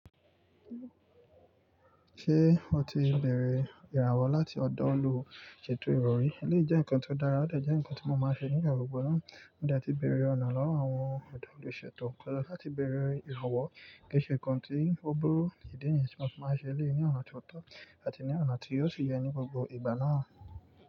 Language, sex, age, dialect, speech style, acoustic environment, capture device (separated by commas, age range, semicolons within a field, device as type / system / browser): Yoruba, male, 18-24, Nigeria - Nigerian Standard, focused, nature, mobile / Linux / Chrome